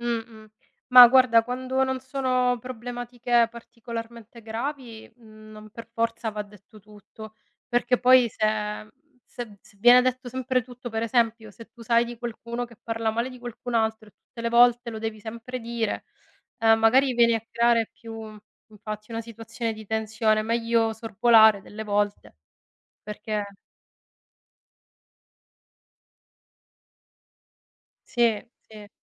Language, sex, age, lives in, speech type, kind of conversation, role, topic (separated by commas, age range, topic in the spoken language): Italian, female, 40-44, Italy, conversation, unstructured, none, È meglio dire sempre la verità, anche se fa male?